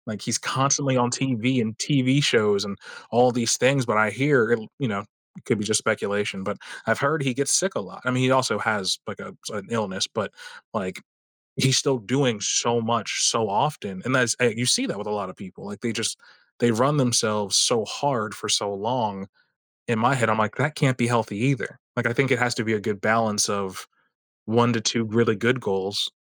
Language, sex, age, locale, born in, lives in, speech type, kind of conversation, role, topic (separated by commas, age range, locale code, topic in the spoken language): English, male, 30-34, en-US, United States, United States, conversation, unstructured, none, What strategies help you keep going when working toward something important?